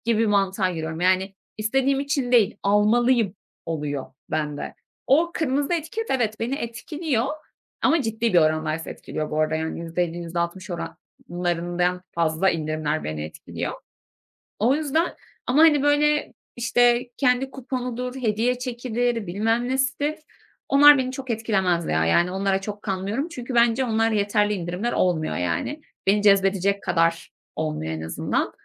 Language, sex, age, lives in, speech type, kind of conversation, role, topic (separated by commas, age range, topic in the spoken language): Turkish, female, 25-29, Germany, conversation, advice, user, Dürtüsel çevrim içi alışverişe neden dur diyemiyorum?